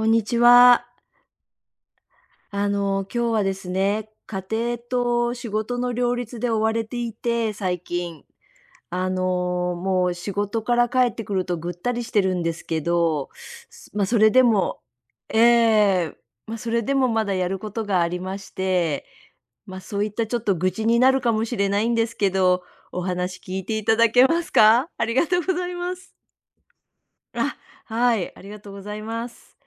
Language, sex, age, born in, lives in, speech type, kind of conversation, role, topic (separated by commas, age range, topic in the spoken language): Japanese, female, 60-64, Japan, United States, conversation, advice, user, 家庭と仕事の両立で追われていると感じるのはなぜですか？